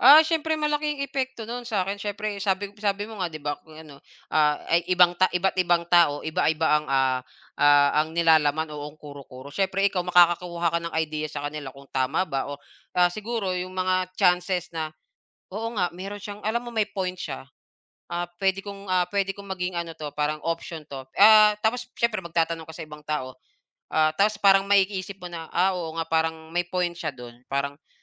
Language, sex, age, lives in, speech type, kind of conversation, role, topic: Filipino, male, 35-39, Philippines, conversation, unstructured, none, Paano mo pinipili kung alin ang tama at alin ang mali?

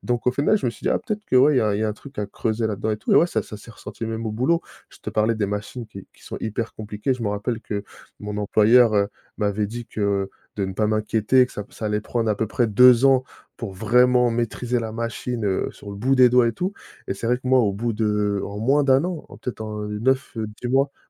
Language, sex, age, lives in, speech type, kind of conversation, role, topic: French, male, 30-34, France, conversation, podcast, guest, Préférez-vous apprendre en groupe ou seul, et pourquoi ?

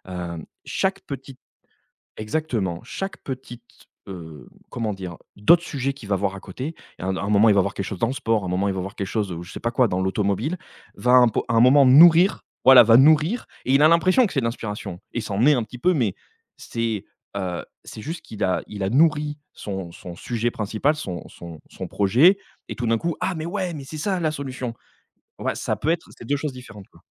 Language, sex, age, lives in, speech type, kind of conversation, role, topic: French, male, 35-39, France, conversation, podcast, guest, Qu’est-ce qui t’inspire le plus quand tu commences un projet ?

